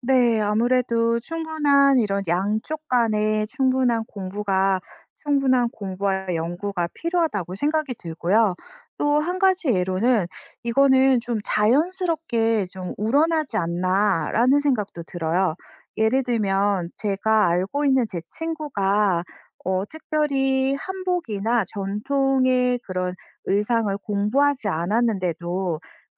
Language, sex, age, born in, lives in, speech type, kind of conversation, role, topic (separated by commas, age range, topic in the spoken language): Korean, female, 40-44, South Korea, France, conversation, podcast, guest, 전통 의상과 현대 패션을 섞는 것에 대해 어떻게 생각하시나요?